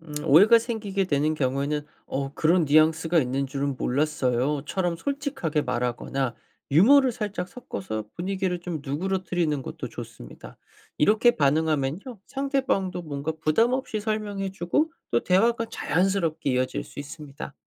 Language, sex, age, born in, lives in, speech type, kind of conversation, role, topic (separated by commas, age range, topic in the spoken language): Korean, male, 25-29, South Korea, United States, read, expert-assistant, expert, 회사에서 다양한 문화와 잘 어울리려면 어떻게 해야 하나요?